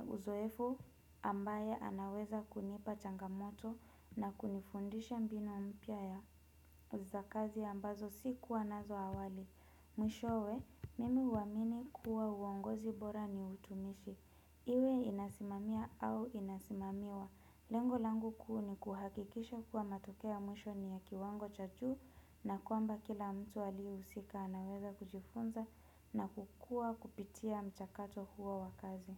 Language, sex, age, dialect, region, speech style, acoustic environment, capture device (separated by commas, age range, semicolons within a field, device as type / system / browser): Swahili, female, 25-34, Kenya - Nairobi Sheng-influenced, Kenya, relaxed, nature; appliances; people talking, desktop / Windows / Chrome